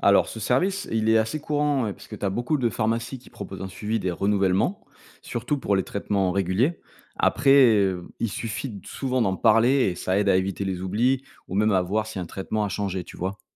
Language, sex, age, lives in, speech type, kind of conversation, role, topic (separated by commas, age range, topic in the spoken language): French, male, 35-39, France, read, expert-assistant, expert, Comment garder une vue claire sur tous les conseils et traitements quand on consulte différents médecins ?